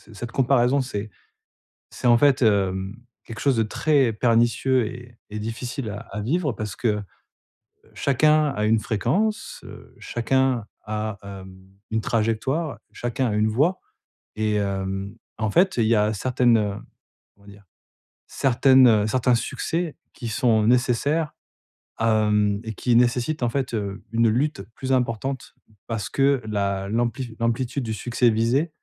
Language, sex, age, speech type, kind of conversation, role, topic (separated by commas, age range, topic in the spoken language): French, male, 40-44, conversation, advice, advisor, Pourquoi est-ce que je me compare toujours aux autres ?